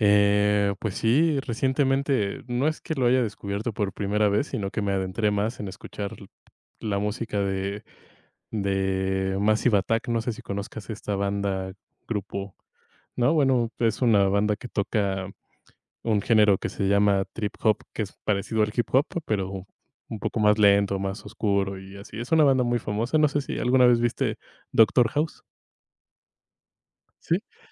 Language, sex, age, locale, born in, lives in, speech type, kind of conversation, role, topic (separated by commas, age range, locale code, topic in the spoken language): Spanish, male, 25-29, es-MX, Mexico, Mexico, conversation, unstructured, none, ¿Has descubierto a algún artista nuevo que te haya sorprendido?